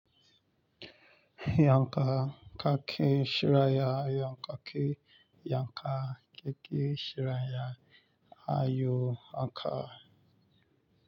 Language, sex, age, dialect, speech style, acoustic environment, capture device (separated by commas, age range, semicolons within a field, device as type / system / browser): Hausa, male, 25-34, Nigeria - Lagos Yoruba, relaxed, nature, mobile / Linux / Chrome